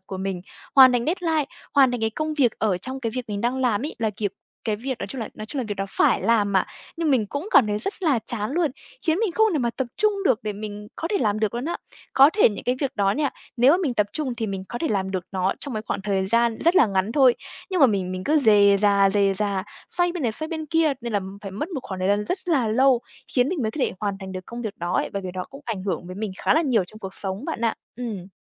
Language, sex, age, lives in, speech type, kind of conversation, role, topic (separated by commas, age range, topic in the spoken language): Vietnamese, female, 20-24, Vietnam, conversation, advice, user, Làm sao để rèn thói quen làm việc tập trung sâu khi tôi hay bị xao nhãng?